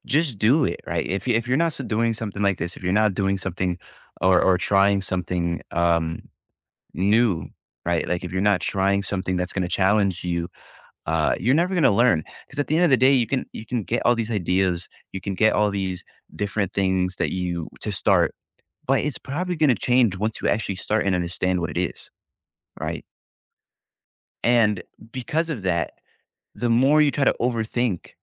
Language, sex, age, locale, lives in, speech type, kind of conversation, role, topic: English, male, 20-24, en-US, United States, conversation, advice, advisor, How can I tell if dreams about big life changes reflect real desires or fears?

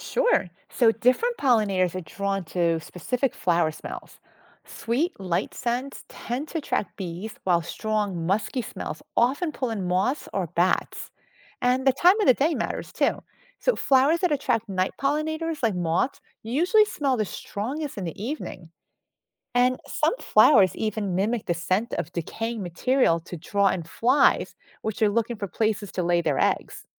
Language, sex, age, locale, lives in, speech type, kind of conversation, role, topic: English, female, 50-54, en-US, United States, read, expert-assistant, expert, Why do some flowers smell so strong?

